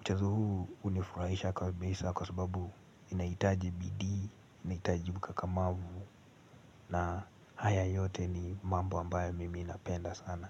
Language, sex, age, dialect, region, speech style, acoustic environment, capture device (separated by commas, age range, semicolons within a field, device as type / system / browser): Swahili, male, 18-24, Kenya - Nairobi Sheng-influenced, Kenya, relaxed; focused; excited, nature; silence, mobile / Linux / Chrome